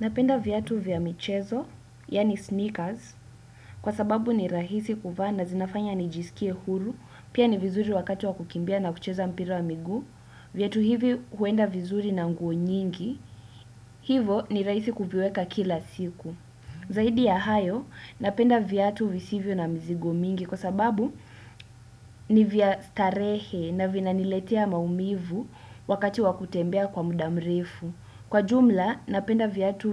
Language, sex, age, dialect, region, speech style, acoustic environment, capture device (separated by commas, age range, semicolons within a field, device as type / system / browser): Swahili, female, 18-24, Kenya - Nairobi Sheng-influenced, Kenya, relaxed; focused, silence, desktop / Windows / Chrome